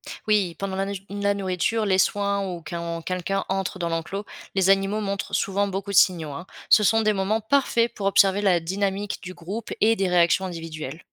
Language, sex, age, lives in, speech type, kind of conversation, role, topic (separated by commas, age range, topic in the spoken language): French, female, 25-29, France, read, expert-assistant, expert, Quelles sont les bases à connaître pour bien s’occuper d’un troupeau aujourd’hui ?